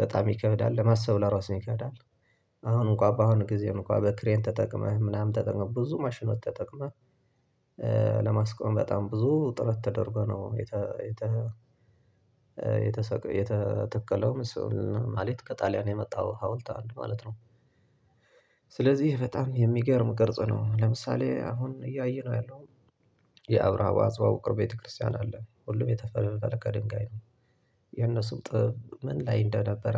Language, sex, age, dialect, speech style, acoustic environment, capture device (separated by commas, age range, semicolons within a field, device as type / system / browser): Amharic, male, 25-34, Ethiopia - Tigray, happy; relaxed; focused; excited, silence, desktop / Linux / Chrome